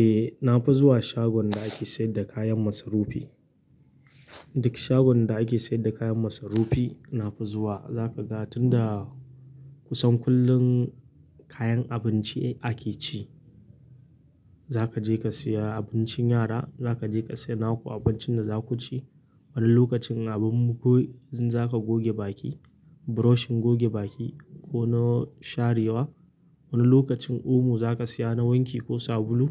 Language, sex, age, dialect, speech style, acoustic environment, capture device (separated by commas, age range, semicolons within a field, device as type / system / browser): Hausa, male, 25-34, Nigeria - Kano, happy; focused, nature, mobile / Linux / Chrome